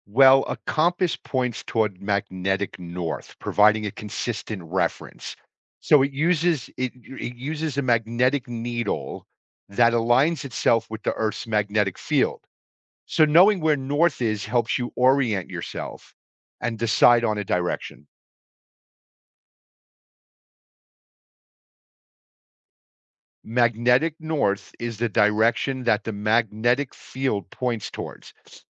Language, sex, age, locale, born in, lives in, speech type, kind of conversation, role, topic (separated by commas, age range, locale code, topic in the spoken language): English, male, 55-59, en-US, United States, United States, read, expert-assistant, expert, How does a compass help with navigation?